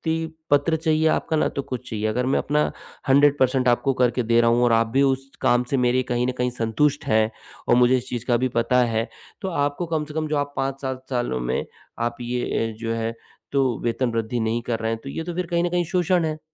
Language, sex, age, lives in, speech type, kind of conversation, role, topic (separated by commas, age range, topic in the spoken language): Hindi, male, 45-49, India, conversation, advice, user, मैं अनिश्चितता के बीच मानसिक रूप से शांत और लचीला कैसे रह सकता/सकती हूँ?